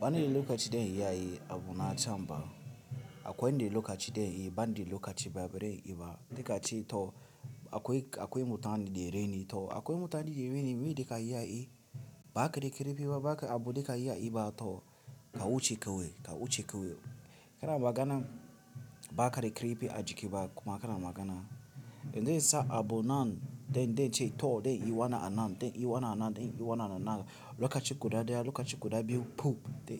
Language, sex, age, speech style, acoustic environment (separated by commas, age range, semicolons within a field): Hausa, male, 18-24, tired, music